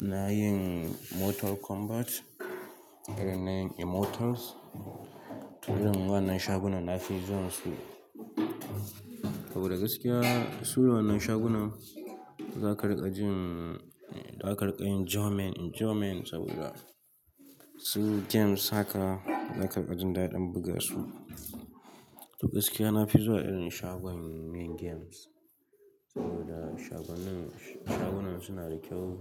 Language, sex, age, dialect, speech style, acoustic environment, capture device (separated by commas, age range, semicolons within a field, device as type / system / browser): Hausa, male, 18-24, Nigeria - Katsina, happy, nature, mobile / Linux / Chrome